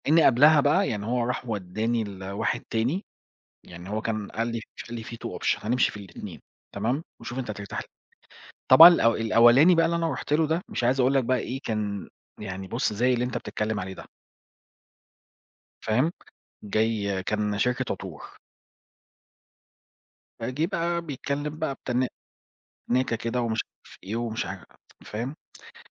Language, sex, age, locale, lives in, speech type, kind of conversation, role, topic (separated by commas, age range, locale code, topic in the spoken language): Arabic, male, 40-44, ar-EG, Portugal, conversation, unstructured, none, إزاي بتتعامل مع الفشل وإنت بتسعى تحقق أهدافك؟